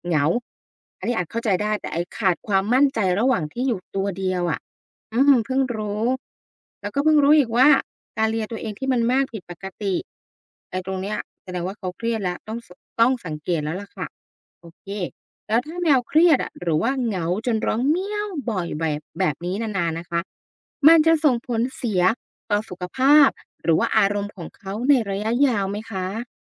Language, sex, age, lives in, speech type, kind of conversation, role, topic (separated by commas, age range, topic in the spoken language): Thai, female, 35-39, Thailand, read, expert-assistant, asker, เพราะอะไรแมวถึงร้องเมี้ยว